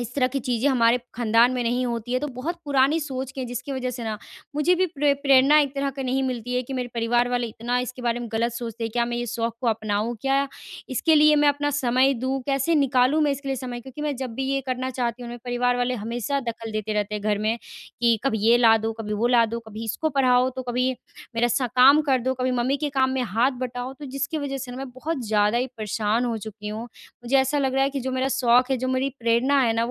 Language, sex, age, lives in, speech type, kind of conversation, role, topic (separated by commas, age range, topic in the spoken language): Hindi, female, 20-24, India, conversation, advice, user, शौक के लिए समय और प्रेरणा कैसे जुटाई जाए?